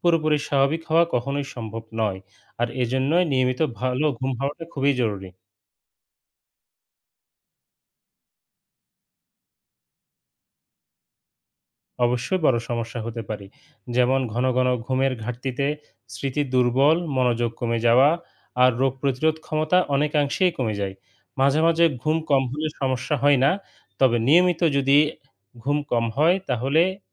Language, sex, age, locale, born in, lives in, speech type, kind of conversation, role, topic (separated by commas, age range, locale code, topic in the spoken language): Bengali, male, 35-39, bn-BD, Bangladesh, Bangladesh, read, expert-assistant, expert, ঘুমের অভাবকে কীভাবে স্মার্টফোনের চার্জ ফুরিয়ে যাওয়ার সাথে তুলনা করা যায়?